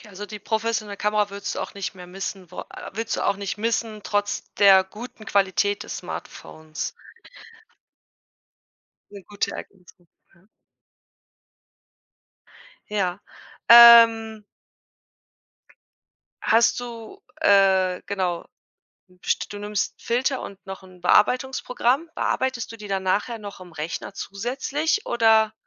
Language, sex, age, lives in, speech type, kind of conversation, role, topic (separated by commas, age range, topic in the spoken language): German, female, 35-39, Germany, conversation, podcast, host, Wie hast du das Fotografieren mit dem Smartphone verbessert?